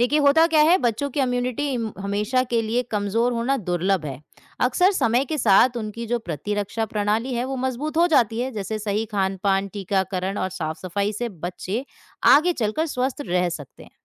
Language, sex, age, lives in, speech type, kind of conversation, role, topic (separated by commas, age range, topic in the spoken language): Hindi, female, 20-24, India, read, expert-assistant, expert, क्या यह सच है कि एंटीबायोटिक्स सर्दी-ज़ुकाम में असरदार नहीं होते? इस आम धारणा पर तथ्य क्या कहते हैं?